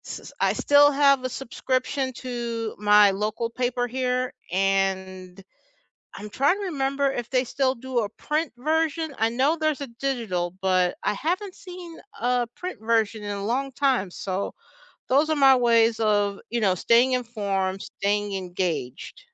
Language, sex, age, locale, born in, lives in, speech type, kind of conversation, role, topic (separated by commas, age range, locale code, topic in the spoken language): English, other, 50-54, en-US, United States, United States, conversation, unstructured, none, What are your go-to ways to stay informed and engaged with your local government?